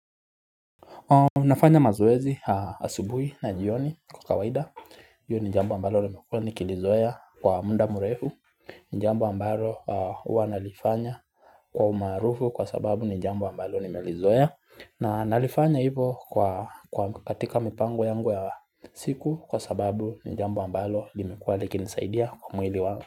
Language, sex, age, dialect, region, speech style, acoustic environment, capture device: Swahili, male, 25-34, Kenya - Nairobi Sheng-influenced, Kenya, relaxed; focused, nature; silence, mobile / Linux / Chrome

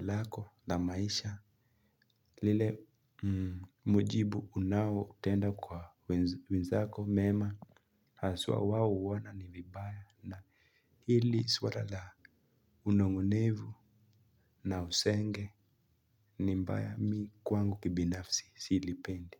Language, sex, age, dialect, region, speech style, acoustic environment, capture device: Swahili, male, 18-24, Kenya - Nairobi Sheng-influenced, Kenya, relaxed, silence, mobile / Linux / Chrome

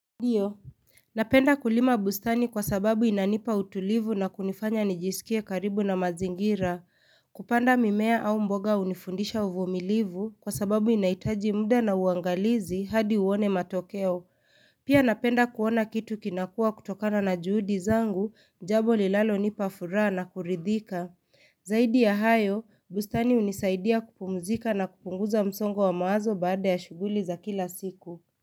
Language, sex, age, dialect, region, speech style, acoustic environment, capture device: Swahili, female, 25-34, Kenya - Nairobi Sheng-influenced, Kenya, relaxed, silence, mobile / Linux / Chrome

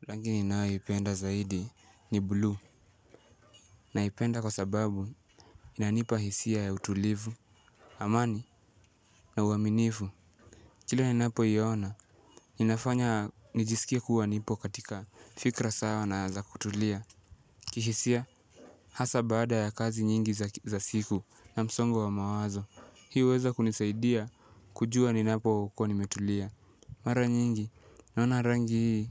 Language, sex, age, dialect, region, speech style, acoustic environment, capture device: Swahili, male, 18-24, Kenya - Mombasa, Kenya, relaxed, silence, mobile / Linux / Chrome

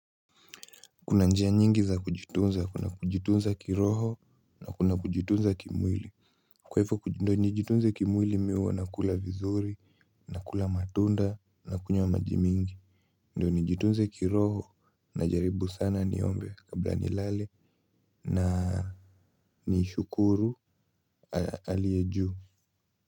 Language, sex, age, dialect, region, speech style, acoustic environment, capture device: Swahili, male, 18-24, Kenya - Nairobi Sheng-influenced, Kenya, relaxed; excited; focused, silence, mobile / Linux / Chrome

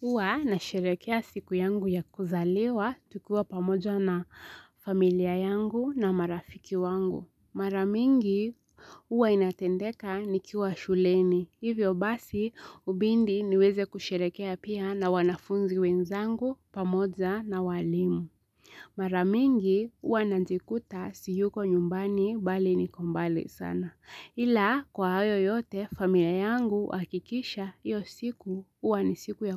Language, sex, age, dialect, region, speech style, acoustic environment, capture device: Swahili, female, 18-24, Kenya - Nairobi Sheng-influenced, Kenya, relaxed; focused, silence, mobile / Linux / Chrome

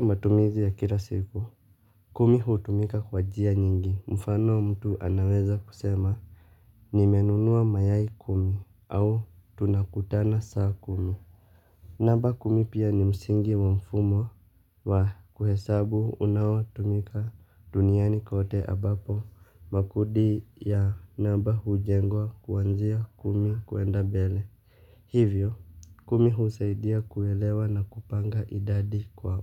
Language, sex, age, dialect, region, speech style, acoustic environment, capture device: Swahili, male, 25-34, Kenya - Nairobi Sheng-influenced, Kenya, tired, silence, mobile / Linux / Chrome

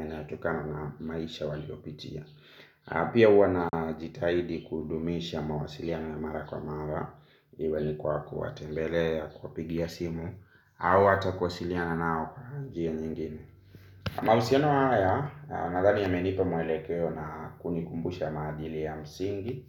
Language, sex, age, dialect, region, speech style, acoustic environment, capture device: Swahili, male, 25-34, Kenya - Nairobi Sheng-influenced, Kenya, happy; relaxed; focused; excited, silence; nature, mobile / Linux / Chrome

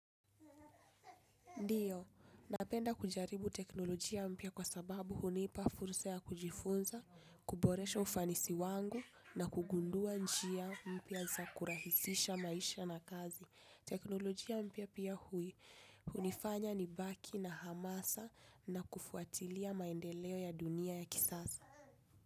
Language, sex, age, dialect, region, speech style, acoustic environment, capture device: Swahili, female, 25-34, Kenya - Nairobi Sheng-influenced, Kenya, focused, people talking, desktop / Linux / Chrome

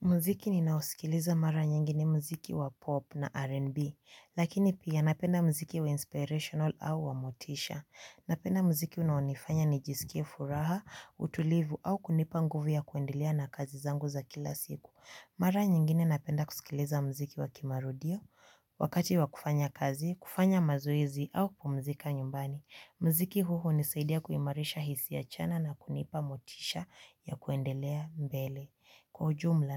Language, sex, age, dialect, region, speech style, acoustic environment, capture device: Swahili, female, 18-24, Kenya - Nairobi Sheng-influenced, Kenya, relaxed, silence, mobile / Linux / Chrome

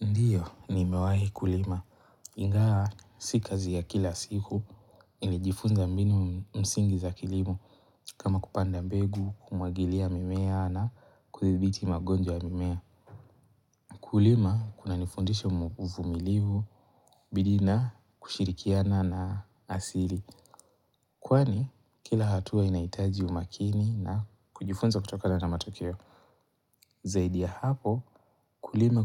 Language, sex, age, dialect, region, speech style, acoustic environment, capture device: Swahili, male, 18-24, Kenya - Mombasa, Kenya, relaxed, nature, mobile / Linux / Chrome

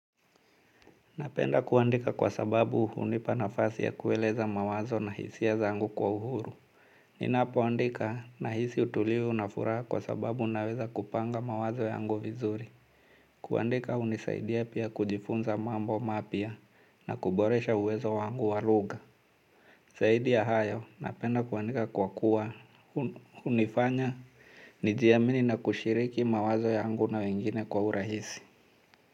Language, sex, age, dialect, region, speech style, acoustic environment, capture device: Swahili, male, 35-44, Kenya - Mombasa, Kenya, anxious; nervous, nature, mobile / Linux / Chrome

